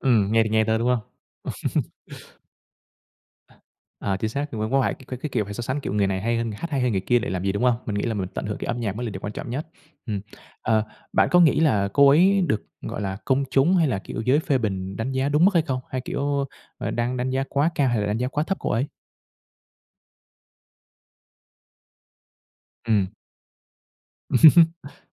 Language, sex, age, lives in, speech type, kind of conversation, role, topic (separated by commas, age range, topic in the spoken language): Vietnamese, male, 25-29, Vietnam, conversation, podcast, host, Nghệ sĩ nào có ảnh hưởng lớn nhất đến bạn?